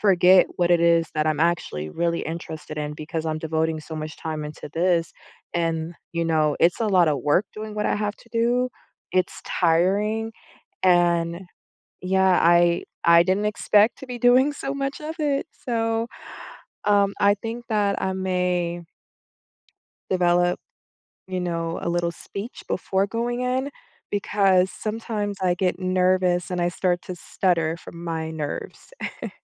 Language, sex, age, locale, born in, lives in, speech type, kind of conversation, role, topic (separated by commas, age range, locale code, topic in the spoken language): English, female, 30-34, en-US, United States, United States, conversation, advice, user, How can I stop worrying that I'm not meeting expectations at work?